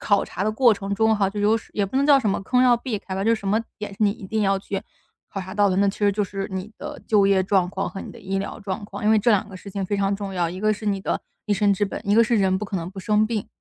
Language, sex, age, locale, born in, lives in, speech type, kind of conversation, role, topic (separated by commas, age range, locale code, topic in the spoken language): Chinese, female, 25-29, zh-CN, China, United States, conversation, podcast, guest, 有什么事让你从此改变了人生方向？